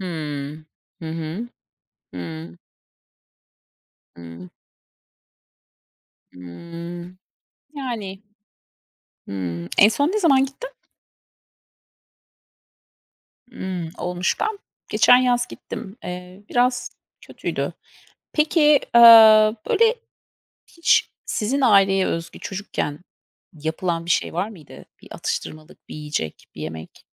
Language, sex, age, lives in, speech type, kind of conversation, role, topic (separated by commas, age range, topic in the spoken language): Turkish, female, 40-44, United States, conversation, unstructured, none, Hangi atıştırmalıklar size ailenizle geçirdiğiniz zamanları hatırlatıyor?